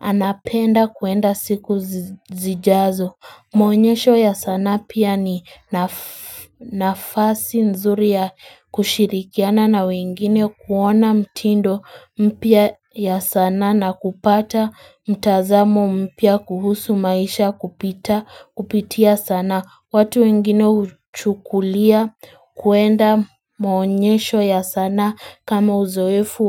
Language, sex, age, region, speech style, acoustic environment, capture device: Swahili, female, 18-24, Kenya, nervous, nature, mobile / Linux / Chrome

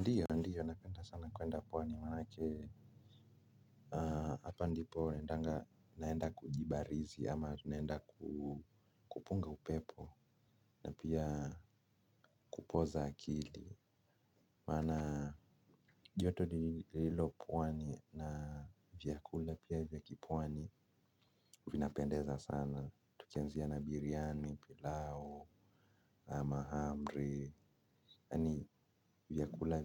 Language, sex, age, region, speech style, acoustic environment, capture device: Swahili, male, 25-34, Kenya, happy; nervous, silence, mobile / Linux / Chrome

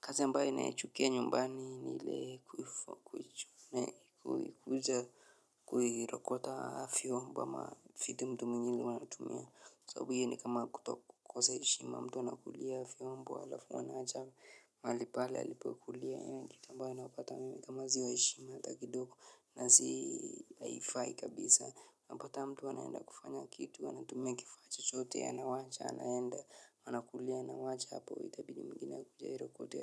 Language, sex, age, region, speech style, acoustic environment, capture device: Swahili, male, 25-34, Kenya, relaxed, nature, mobile / Linux / Chrome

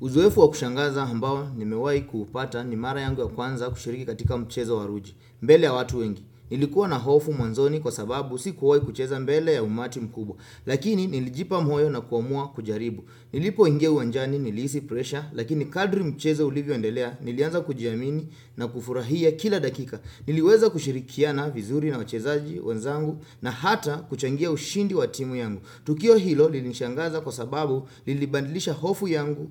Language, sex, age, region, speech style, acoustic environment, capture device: Swahili, male, 25-34, Kenya, focused; happy; relaxed, silence, desktop / Windows / Chrome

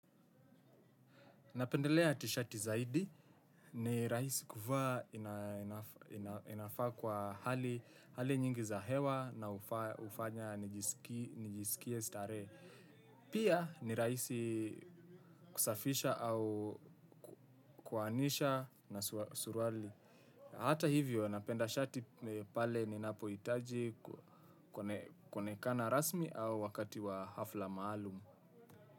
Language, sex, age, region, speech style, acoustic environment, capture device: Swahili, male, 18-24, Kenya, relaxed; happy; focused, silence, desktop / Windows / Chrome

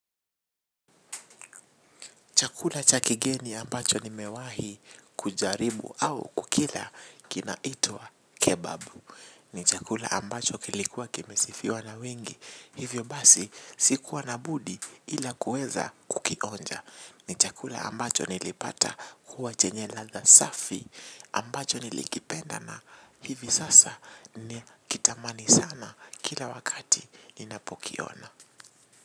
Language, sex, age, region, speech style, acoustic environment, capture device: Swahili, male, 18-24, Kenya, relaxed, nature, mobile / Linux / Chrome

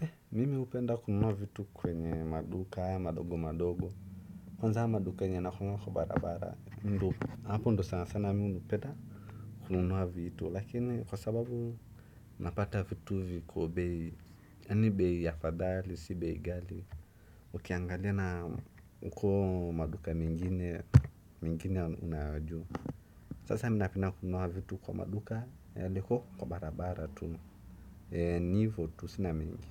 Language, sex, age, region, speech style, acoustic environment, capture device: Swahili, male, 18-24, Kenya, happy; relaxed, nature, desktop / macOS / Chrome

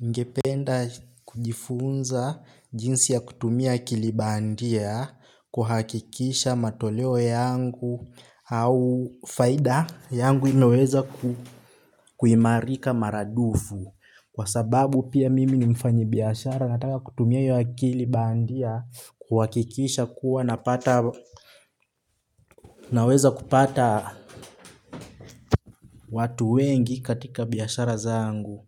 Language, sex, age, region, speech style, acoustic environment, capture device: Swahili, male, 18-24, Kenya, focused, silence; nature, desktop / Linux / Chrome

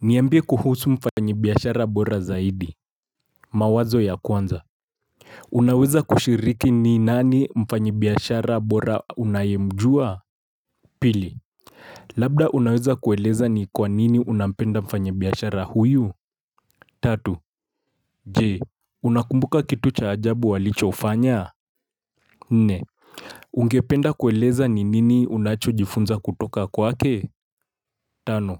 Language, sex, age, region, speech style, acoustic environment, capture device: Swahili, male, 25-34, Kenya, happy, silence, mobile / Linux / Chrome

